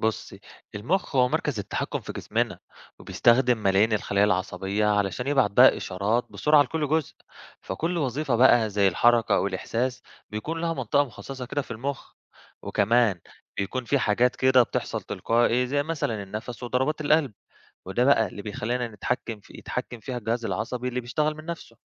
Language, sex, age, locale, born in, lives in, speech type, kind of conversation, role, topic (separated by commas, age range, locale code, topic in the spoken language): Arabic, male, 25-29, ar-EG, Egypt, Greece, read, expert-assistant, expert, ممكن تشرحلي إزاي المخ بيتحكم في كل حاجة في الجسم، من الحركة لحد الإحساس والمشاعر؟